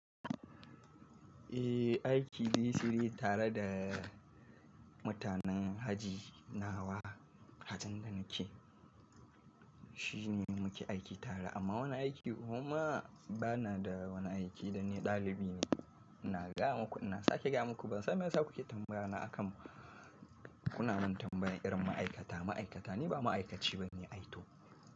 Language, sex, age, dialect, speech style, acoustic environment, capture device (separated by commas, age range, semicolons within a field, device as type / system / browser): Hausa, male, 25-34, Nigeria - Kano, nervous; excited; tired; relaxed; happy, appliances; nature; traffic; construction; people talking, mobile / Linux / Chrome